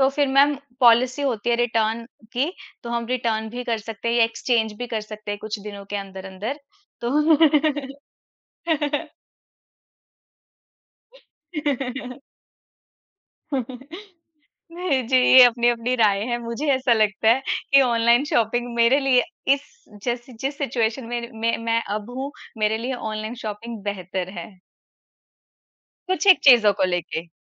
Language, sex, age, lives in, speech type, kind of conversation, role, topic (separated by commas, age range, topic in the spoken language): Hindi, female, 35-39, India, conversation, unstructured, none, आपके विचार में ऑनलाइन खरीदारी और मॉल में खरीदारी में कौन-सी बेहतर है?